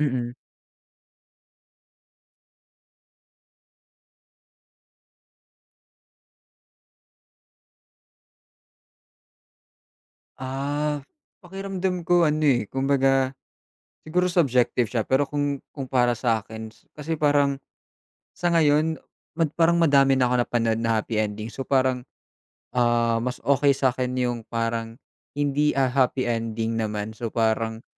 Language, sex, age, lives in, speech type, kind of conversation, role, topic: Filipino, male, 20-24, Philippines, conversation, unstructured, none, Ano ang huling pelikulang talagang nagpasaya sa’yo?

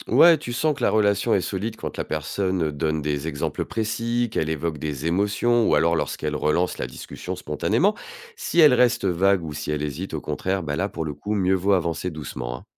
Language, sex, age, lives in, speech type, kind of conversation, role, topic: French, male, 45-49, France, read, expert-assistant, expert, Comment un journaliste s’y prend-il pour trouver des sujets intéressants au quotidien ?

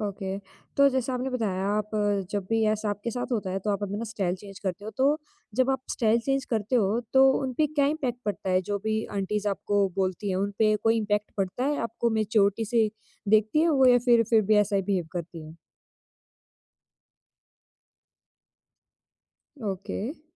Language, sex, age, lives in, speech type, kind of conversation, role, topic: Hindi, female, 20-24, India, conversation, podcast, host, Kya kabhi aisa koi pal aaya hai jab tumne apna स्टाइल बदलने का फैसला किया हो?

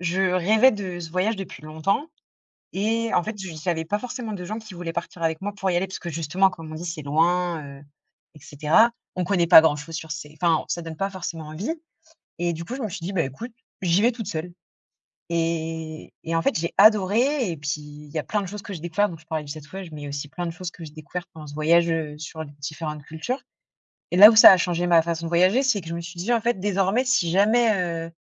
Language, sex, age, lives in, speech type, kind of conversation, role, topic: French, female, 30-34, France, conversation, unstructured, none, Quel est ton souvenir de voyage le plus marquant ?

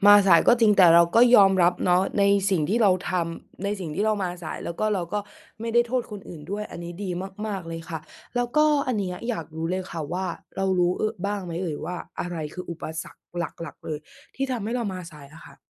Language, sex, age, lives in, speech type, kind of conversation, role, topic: Thai, female, 20-24, Thailand, conversation, advice, advisor, คุณมาสายหรือส่งงานช้าบ่อยแค่ไหน และเรื่องนี้ส่งผลกระทบต่อคนอื่นอย่างไร?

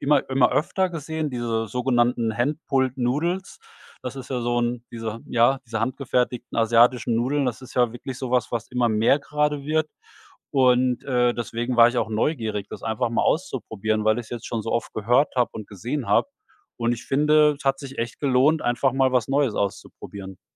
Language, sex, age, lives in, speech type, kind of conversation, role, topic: German, male, 45-49, Germany, conversation, podcast, guest, Wie holst du dir Inspiration im ganz normalen Alltag?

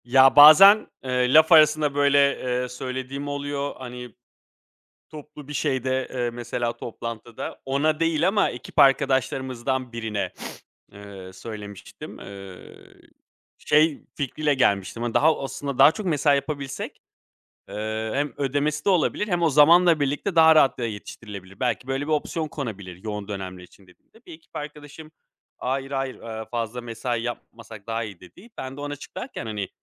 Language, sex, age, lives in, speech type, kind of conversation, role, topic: Turkish, male, 35-39, Greece, conversation, advice, user, İş yükümü azaltmak için yöneticimle nasıl pazarlık yapabilirim?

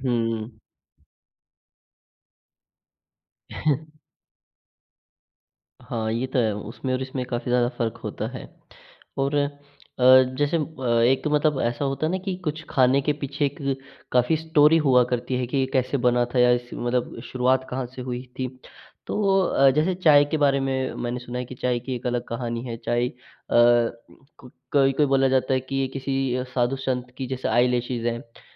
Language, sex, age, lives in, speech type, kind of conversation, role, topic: Hindi, male, 18-19, India, conversation, podcast, host, तुम्हारे शहर की कौन-सी खास डिश सबसे ज़्यादा मशहूर है?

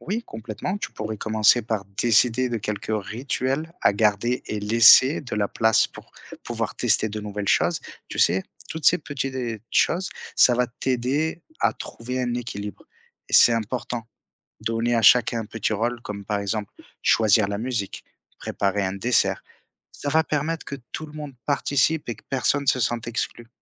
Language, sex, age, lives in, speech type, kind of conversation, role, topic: French, male, 30-34, France, read, expert-assistant, expert, Comment la mondialisation influence-t-elle ce que l’on mange ou ce que l’on porte chaque jour ?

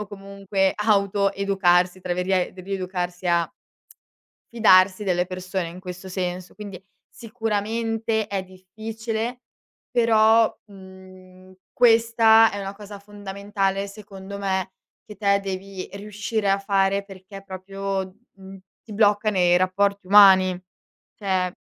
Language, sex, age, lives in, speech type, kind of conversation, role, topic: Italian, female, 18-19, Italy, conversation, advice, advisor, Perché mi sento tradito dopo un’infedeltà e non riesco a perdonare?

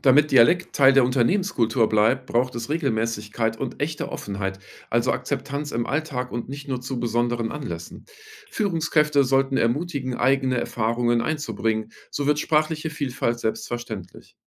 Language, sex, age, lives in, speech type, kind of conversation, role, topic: German, male, 45-49, Germany, read, expert-assistant, expert, Wie könnten wir es leichter machen, dass Menschen sich trauen, ihren Dialekt auch außerhalb der Heimat zu sprechen?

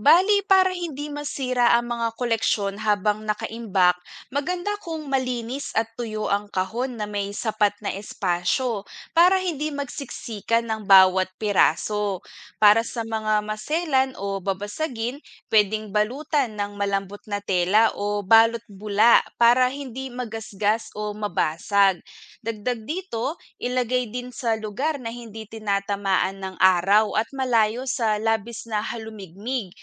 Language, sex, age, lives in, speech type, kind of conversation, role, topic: Filipino, female, 25-29, Philippines, read, expert-assistant, expert, Paano maayos at ligtas na itinatago at tinatala ang mga koleksyon tulad ng figurine at barya?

